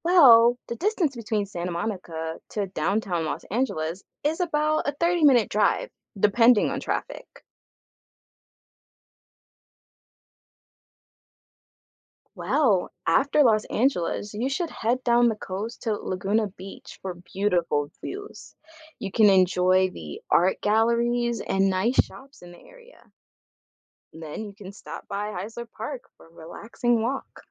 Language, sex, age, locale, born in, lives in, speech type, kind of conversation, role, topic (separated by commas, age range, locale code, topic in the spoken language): English, female, 25-29, en-US, United States, United States, read, expert-assistant, expert, What are the best stops for a 3-day road trip in southern California?